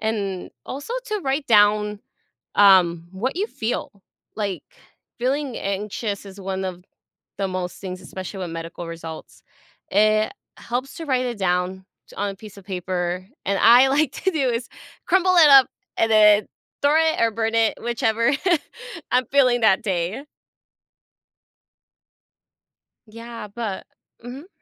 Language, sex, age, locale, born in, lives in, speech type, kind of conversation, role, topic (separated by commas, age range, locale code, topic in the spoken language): English, female, 25-29, en-US, United States, United States, conversation, advice, advisor, How can I manage my anxiety while waiting for medical test results?